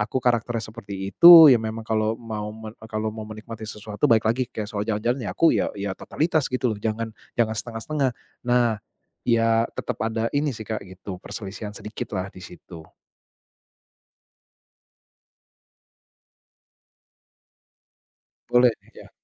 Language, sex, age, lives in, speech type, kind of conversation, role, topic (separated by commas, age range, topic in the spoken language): Indonesian, male, 35-39, Indonesia, conversation, advice, user, Bagaimana cara Anda dan pihak terkait menyikapi perbedaan pandangan tentang keuangan dan pengeluaran bersama?